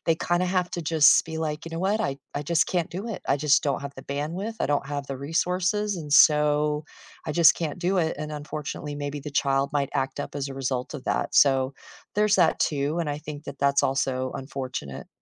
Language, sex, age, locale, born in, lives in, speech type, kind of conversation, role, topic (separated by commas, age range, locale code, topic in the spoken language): English, female, 50-54, en-US, United States, United States, conversation, unstructured, none, Why do some teachers seem unfair to certain students?